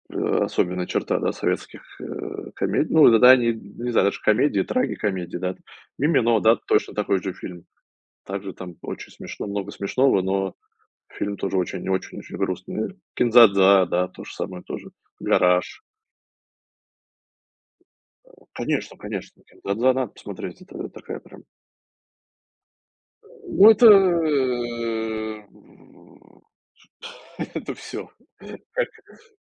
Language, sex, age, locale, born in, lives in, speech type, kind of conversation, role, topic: Russian, male, 35-39, ru-RU, Russia, United States, conversation, unstructured, none, Какой фильм или сериал заставил тебя смеяться до слёз?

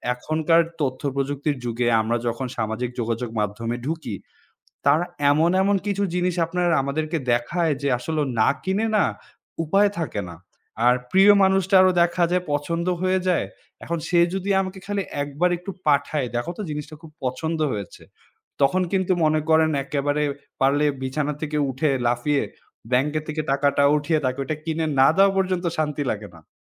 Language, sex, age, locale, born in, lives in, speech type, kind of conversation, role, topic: Bengali, male, 20-24, bn-BD, Bangladesh, Bangladesh, conversation, unstructured, none, আপনার জীবনে হাসির জায়গা কোথায়?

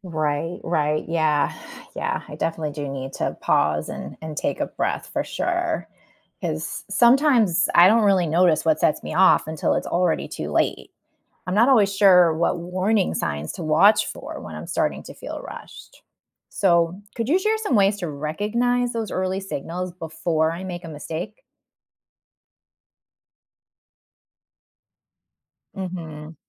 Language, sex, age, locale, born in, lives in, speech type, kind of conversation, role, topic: English, female, 40-44, en-US, United States, United States, read, expert-assistant, asker, What is the value of learning from mistakes?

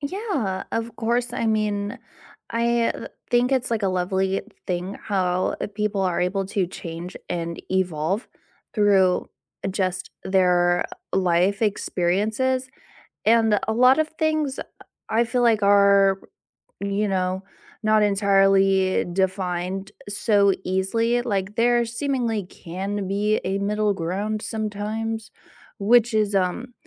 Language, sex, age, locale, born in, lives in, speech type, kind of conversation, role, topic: English, female, 20-24, en-US, United States, United States, conversation, unstructured, none, How do you decide what is right or wrong?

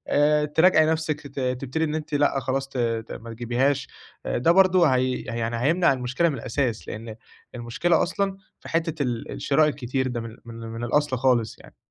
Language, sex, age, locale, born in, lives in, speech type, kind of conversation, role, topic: Arabic, male, 20-24, ar-EG, Egypt, Egypt, conversation, advice, advisor, إزاي أتخلّص من الحاجات اللي مش بستخدمها بانتظام؟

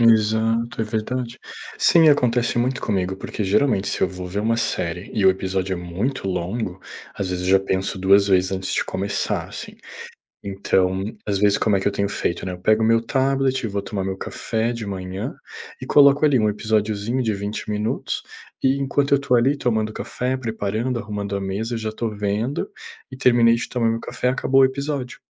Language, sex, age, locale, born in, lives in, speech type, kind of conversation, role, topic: Portuguese, male, 30-34, pt-BR, Brazil, Portugal, conversation, unstructured, none, Por que as séries de TV são tão populares atualmente?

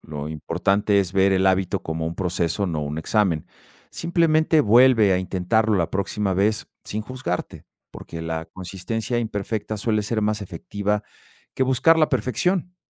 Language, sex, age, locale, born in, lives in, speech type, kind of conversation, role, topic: Spanish, male, 50-54, es-MX, Mexico, Mexico, read, expert-assistant, expert, ¿Cuáles son pequeños cambios diarios que puedo hacer para mejorar mis habilidades de liderazgo?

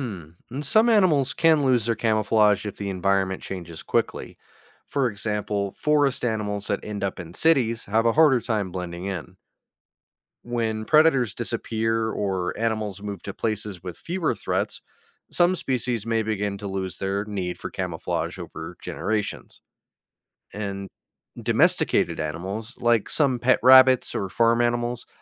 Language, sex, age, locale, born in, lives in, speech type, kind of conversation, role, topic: English, male, 30-34, en-US, United States, United States, read, expert-assistant, expert, What is camouflage and how do animals use it?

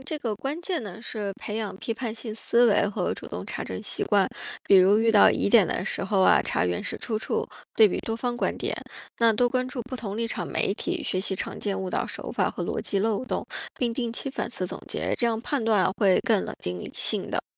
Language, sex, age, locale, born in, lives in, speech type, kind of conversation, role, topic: Chinese, female, 35-39, zh-CN, China, United States, read, expert-assistant, expert, 面对突发新闻事件，社交媒体和传统记者的报道，哪一种更容易让人信任？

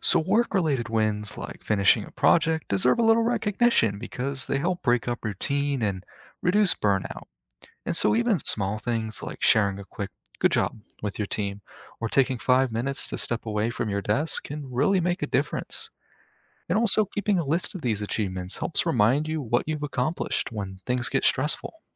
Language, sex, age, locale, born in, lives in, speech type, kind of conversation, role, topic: English, male, 20-24, en-US, United States, United States, read, expert-assistant, expert, Why celebrate both major and minor achievements?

